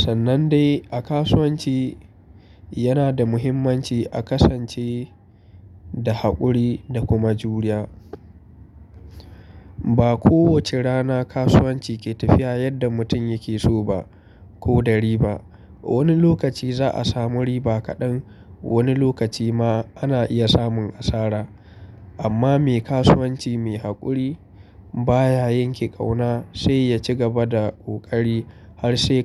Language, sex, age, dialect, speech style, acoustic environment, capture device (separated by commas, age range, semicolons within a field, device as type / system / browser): Hausa, male, 25-34, Nigeria - Kano, relaxed; focused, traffic; people talking; nature; appliances, mobile / Linux / Chrome